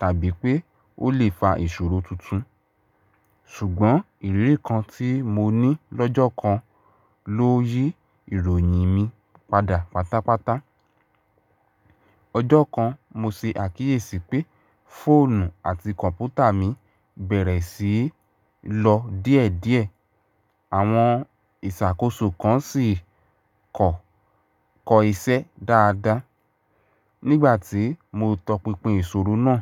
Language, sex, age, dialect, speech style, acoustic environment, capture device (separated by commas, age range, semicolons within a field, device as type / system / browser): Yoruba, male, 18-24, Nigeria - Ibadan, stressed, appliances, mobile / Linux / Chrome